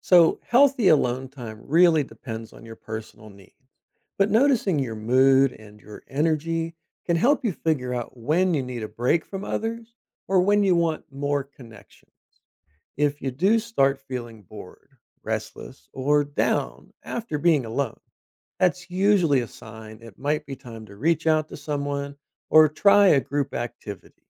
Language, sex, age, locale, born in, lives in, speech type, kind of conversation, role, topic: English, male, 50-54, en-US, United States, United States, read, expert-assistant, expert, What are the benefits of spending time alone?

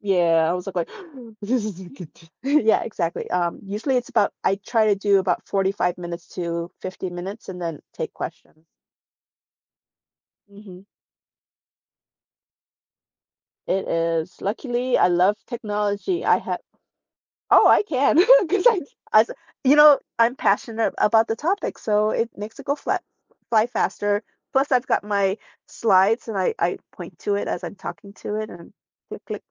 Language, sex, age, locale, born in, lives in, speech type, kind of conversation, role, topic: English, female, 45-49, en-US, South Korea, United States, conversation, podcast, guest, How do the choices we make shape the direction of our lives?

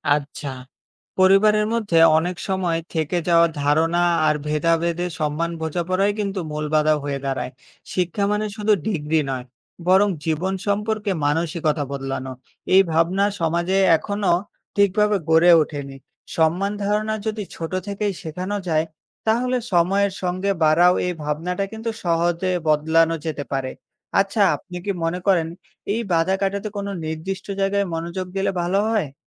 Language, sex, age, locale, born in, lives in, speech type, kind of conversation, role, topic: Bengali, male, 20-24, bn-BD, Bangladesh, Bangladesh, read, expert-assistant, expert, বিভিন্ন পেশার গুরুত্ব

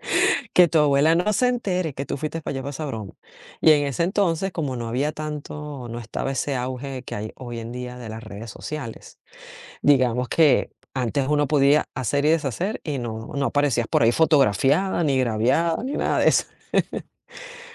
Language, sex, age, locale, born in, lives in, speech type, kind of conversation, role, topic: Spanish, female, 45-49, es-VE, Venezuela, United States, conversation, podcast, guest, ¿Cómo puedes expresar tus límites sin ofender a los demás?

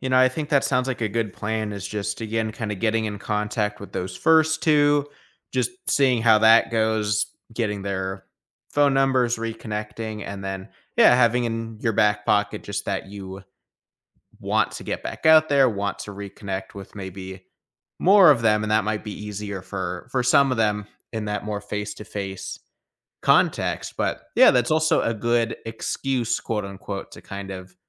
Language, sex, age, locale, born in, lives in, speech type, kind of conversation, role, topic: English, male, 25-29, en-US, United States, United States, conversation, advice, advisor, How can I reconnect with old friends?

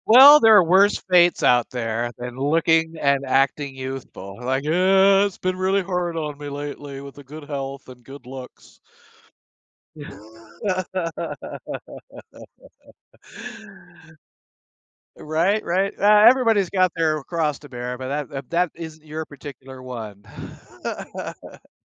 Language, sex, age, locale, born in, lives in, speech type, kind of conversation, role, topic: English, male, 60-64, en-US, United States, United States, conversation, advice, advisor, How can I balance my work schedule to spend more quality time with my family?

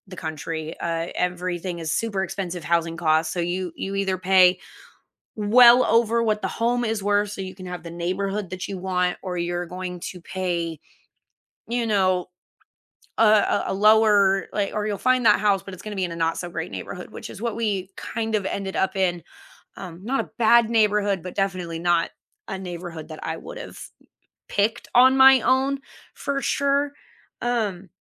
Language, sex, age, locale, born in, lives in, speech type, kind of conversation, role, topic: English, female, 30-34, en-US, United States, United States, conversation, unstructured, none, What class do you wish your school had offered when you were younger, and how might it have changed your life?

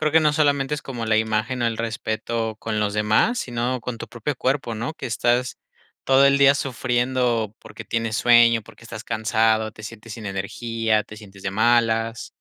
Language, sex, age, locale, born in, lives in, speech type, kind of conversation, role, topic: Spanish, male, 25-29, es-MX, Mexico, Mexico, conversation, podcast, host, ¿Cómo mantienes hábitos de sueño saludables?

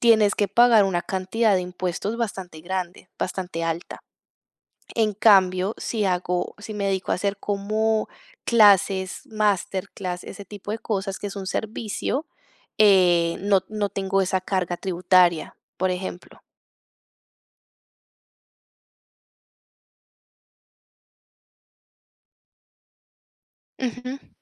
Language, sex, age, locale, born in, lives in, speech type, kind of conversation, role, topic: Spanish, female, 35-39, es-CO, Colombia, Italy, conversation, advice, user, ¿Cómo me siento ante el miedo de decepcionar a mis padres con mis decisiones profesionales?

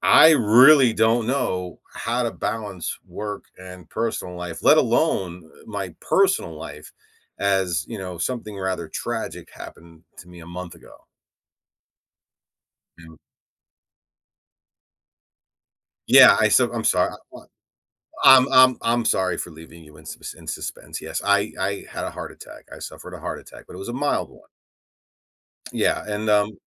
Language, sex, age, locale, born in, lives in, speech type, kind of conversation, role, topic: English, male, 45-49, en-US, United States, United States, conversation, advice, user, How can I set boundaries and manage my time to balance work and personal life?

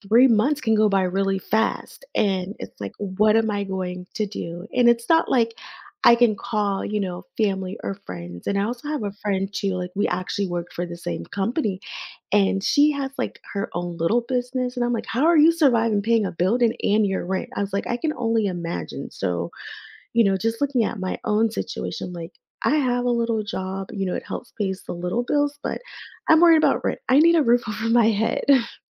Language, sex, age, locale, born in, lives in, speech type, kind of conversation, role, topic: English, female, 30-34, en-US, United States, United States, conversation, advice, user, How can I get my finances under control and reduce money-related stress?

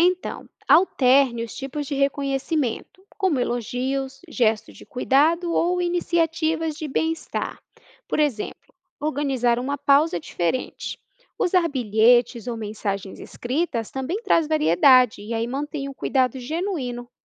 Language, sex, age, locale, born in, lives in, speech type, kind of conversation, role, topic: Portuguese, female, 30-34, pt-BR, Brazil, United States, read, expert-assistant, expert, Como encontrar motivação nos dias difíceis trabalhando em administração de hospital?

